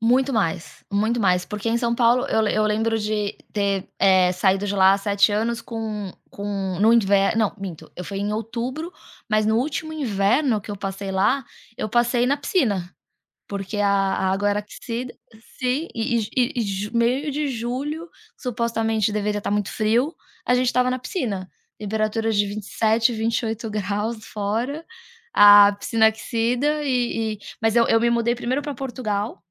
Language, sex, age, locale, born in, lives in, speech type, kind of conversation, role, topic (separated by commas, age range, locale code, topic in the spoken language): Portuguese, female, 40-44, pt-BR, Brazil, United States, conversation, podcast, guest, Você já percebeu alguma mudança nas estações nos últimos anos?